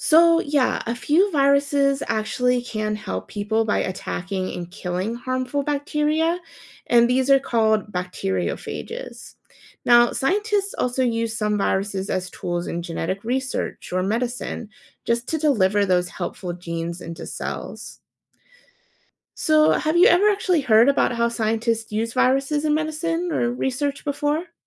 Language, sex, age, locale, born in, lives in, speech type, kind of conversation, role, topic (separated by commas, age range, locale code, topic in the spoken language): English, female, 30-34, en-US, United States, United States, read, expert-assistant, expert, What are the main differences between bacteria and viruses?